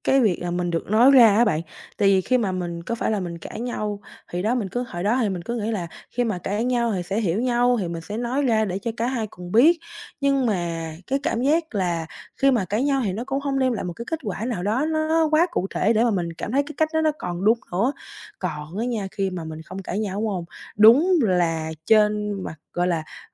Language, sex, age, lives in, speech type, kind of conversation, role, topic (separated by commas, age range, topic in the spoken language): Vietnamese, female, 18-19, Vietnam, conversation, advice, user, Làm thế nào để tôi bớt né tránh xung đột và giải quyết những khúc mắc trong mối quan hệ một cách thẳng thắn?